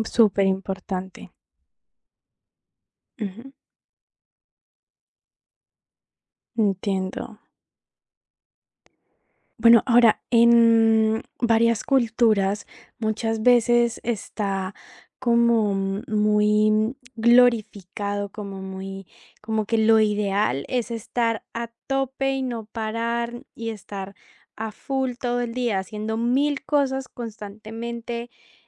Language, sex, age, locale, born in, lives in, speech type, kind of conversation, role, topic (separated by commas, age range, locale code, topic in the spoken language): Spanish, female, 18-19, es-CO, Colombia, Italy, conversation, podcast, host, ¿Qué te hace sentir que tu tiempo fue bien aprovechado?